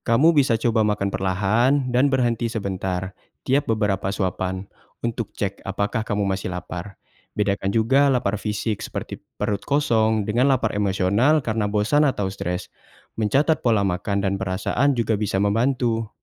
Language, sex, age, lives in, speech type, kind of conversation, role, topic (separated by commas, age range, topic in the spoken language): Indonesian, male, 20-24, Indonesia, read, expert-assistant, expert, Bagaimana cara memilih pola makan yang cocok untuk gaya hidup saya?